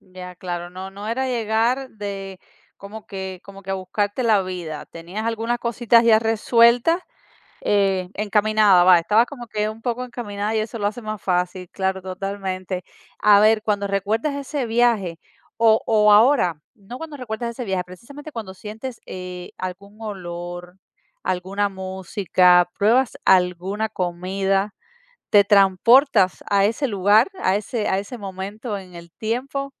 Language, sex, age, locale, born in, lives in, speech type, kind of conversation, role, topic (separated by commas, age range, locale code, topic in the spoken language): Spanish, female, 40-44, es-CU, Cuba, United States, conversation, podcast, host, ¿Qué viaje cambió tu forma de ver el mundo?